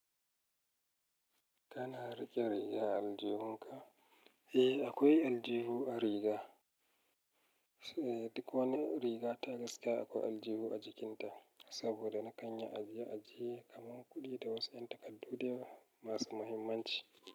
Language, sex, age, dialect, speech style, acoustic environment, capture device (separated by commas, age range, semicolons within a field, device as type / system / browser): Hausa, male, 35-44, Nigeria - Kano, relaxed; happy; excited, nature, mobile / Linux / Chrome